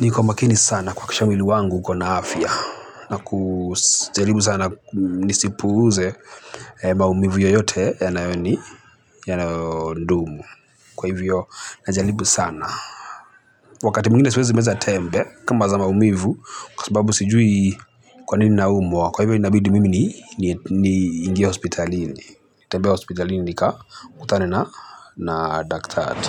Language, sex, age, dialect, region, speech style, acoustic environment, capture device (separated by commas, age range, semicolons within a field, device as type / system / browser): Swahili, male, 25-34, Kenya - Mombasa, Kenya, happy; nervous; excited, people talking; traffic; construction, mobile / Linux / Chrome